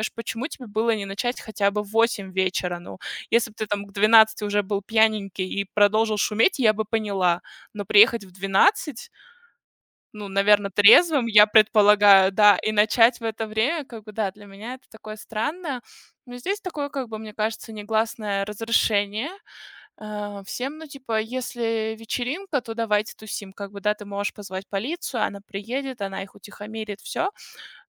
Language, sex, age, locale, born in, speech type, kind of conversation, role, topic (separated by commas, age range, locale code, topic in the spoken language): Russian, female, 30-34, ru-RU, Russia, conversation, unstructured, none, Что вас больше всего бесит в чужом поведении?